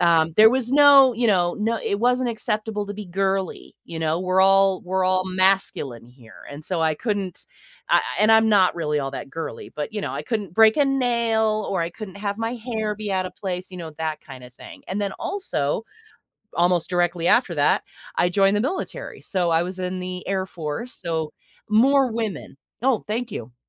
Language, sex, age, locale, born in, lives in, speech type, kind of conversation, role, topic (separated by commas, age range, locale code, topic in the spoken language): English, female, 45-49, en-US, United States, United States, conversation, podcast, guest, What are some healthy ways to stay true to yourself when you feel pressure to fit in?